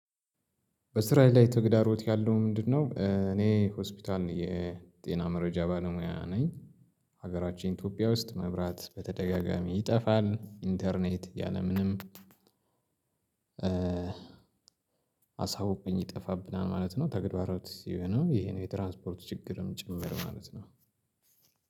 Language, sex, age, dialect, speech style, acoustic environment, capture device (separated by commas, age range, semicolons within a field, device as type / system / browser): Amharic, male, 35-44, Ethiopia - Addis Ababa, excited, silence, mobile / Linux / Chrome